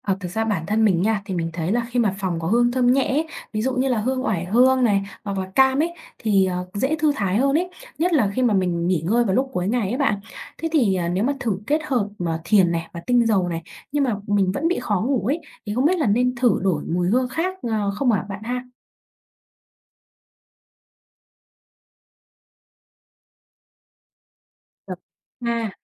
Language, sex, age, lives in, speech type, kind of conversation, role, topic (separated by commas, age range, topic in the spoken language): Vietnamese, female, 20-24, Vietnam, read, expert-assistant, asker, Khi bị mất ngủ, nên ưu tiên thay đổi thói quen sinh hoạt hay dùng thuốc hỗ trợ giấc ngủ: Phương án nào an toàn và lâu dài hơn?